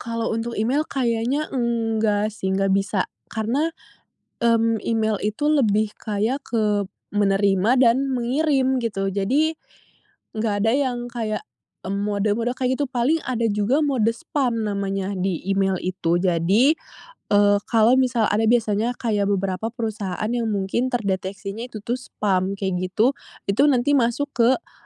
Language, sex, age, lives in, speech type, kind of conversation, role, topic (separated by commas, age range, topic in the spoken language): Indonesian, female, 20-24, Indonesia, conversation, podcast, guest, Bagaimana kamu mengatur notifikasi agar tidak mengganggu?